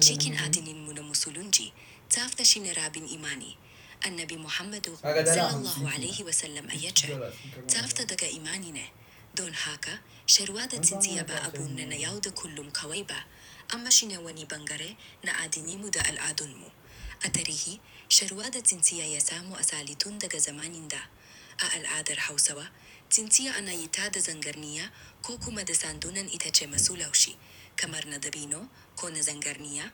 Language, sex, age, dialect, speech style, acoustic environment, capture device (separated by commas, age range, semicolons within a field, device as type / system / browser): Hausa, male, 18-24, Nigeria - Kano, relaxed, appliances, desktop / Windows / Chrome